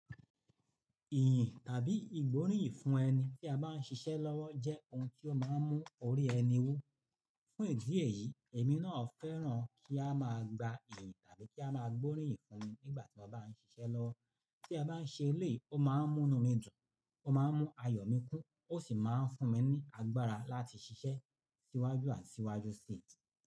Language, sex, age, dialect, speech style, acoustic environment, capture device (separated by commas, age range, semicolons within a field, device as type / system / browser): Yoruba, male, 45-54, Nigeria - Lagos Yoruba, nervous; focused; relaxed, people talking; nature; silence; appliances, mobile / Linux / Chrome